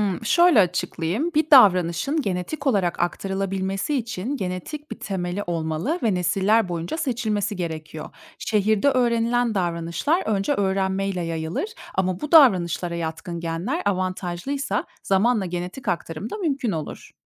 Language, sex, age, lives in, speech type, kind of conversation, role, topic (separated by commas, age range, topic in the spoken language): Turkish, female, 30-34, Germany, read, expert-assistant, expert, Gelecekte çevre koşulları değişirse, canlıların evriminde hangi yeni yollar ortaya çıkabilir?